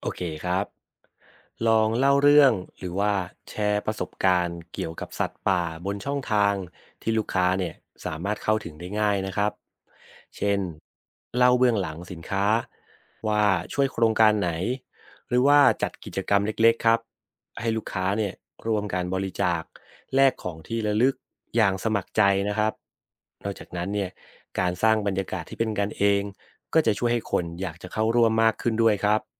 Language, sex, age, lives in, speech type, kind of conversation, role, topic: Thai, male, 30-34, Thailand, read, expert-assistant, expert, ธุรกิจขนาดเล็กจะมีส่วนร่วมอนุรักษ์สัตว์ป่าได้อย่างไร?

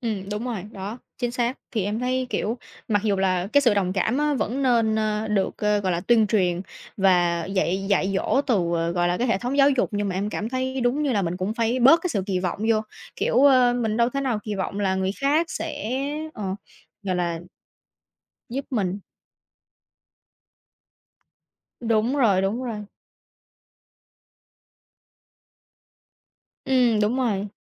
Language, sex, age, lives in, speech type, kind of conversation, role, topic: Vietnamese, female, 20-24, United States, conversation, unstructured, none, Bạn có cảm thấy tức giận khi thấy người khác không quan tâm đến nỗi mất mát của bạn không?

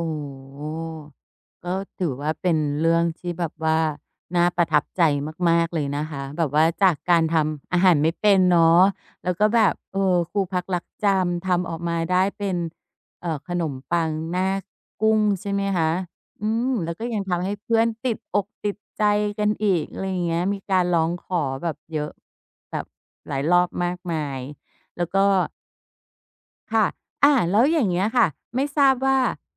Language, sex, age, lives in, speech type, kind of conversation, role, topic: Thai, female, 45-49, Thailand, conversation, podcast, host, คุณเคยมีครั้งไหนที่ทำอาหารเพื่อดูแลใครสักคนแล้วรู้สึกภูมิใจมาก ๆ บ้างไหม?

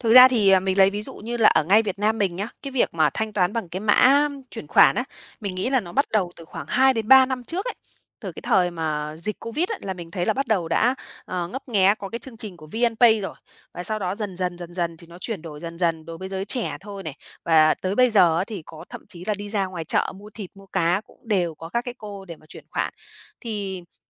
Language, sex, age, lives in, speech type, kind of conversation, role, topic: Vietnamese, female, 30-34, Vietnam, conversation, podcast, guest, Bạn nghĩ sao về việc thanh toán không dùng tiền mặt trong đời sống hằng ngày?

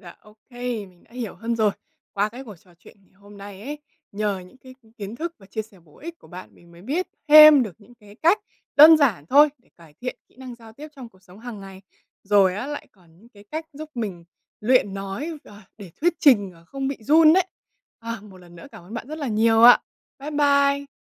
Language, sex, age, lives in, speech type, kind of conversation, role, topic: Vietnamese, female, 20-24, Vietnam, read, expert-assistant, asker, Những cách đơn giản để cải thiện kỹ năng giao tiếp trong cuộc sống hàng ngày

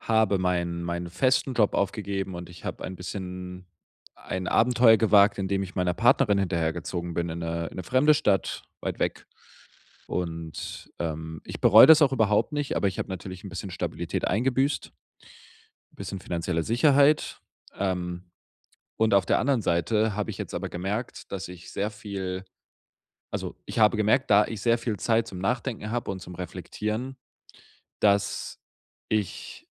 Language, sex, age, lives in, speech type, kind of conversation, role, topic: German, male, 25-29, Germany, conversation, advice, user, Wie kann ich trotz sozialer Erwartungen eigene Entscheidungen treffen?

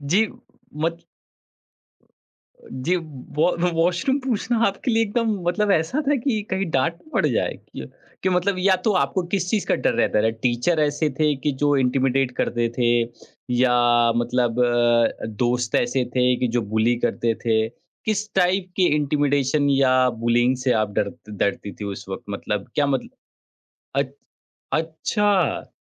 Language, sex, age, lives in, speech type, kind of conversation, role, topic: Hindi, male, 30-34, India, conversation, podcast, host, Comfort zone se bahar nikalna tumhare liye kaisa experience raha, aur tumne usse kya seekha?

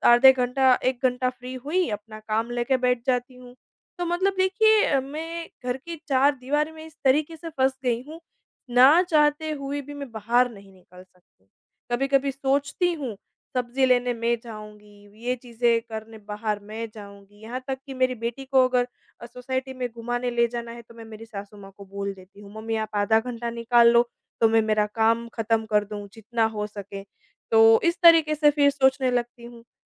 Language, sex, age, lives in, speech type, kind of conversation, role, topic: Hindi, female, 25-29, India, conversation, advice, user, एक ही जगह पर लंबे समय तक रहने से होने वाली बोरियत दूर करने के लिए मैं नए विचार कैसे पा सकता/सकती हूँ?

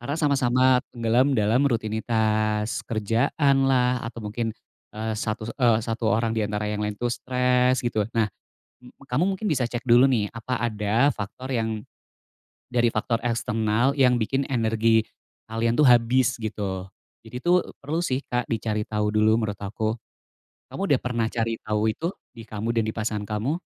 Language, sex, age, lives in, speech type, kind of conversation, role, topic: Indonesian, male, 35-39, Indonesia, conversation, advice, advisor, Bagaimana cara menghadapi kehilangan ketertarikan saat hubungan mulai terasa hambar?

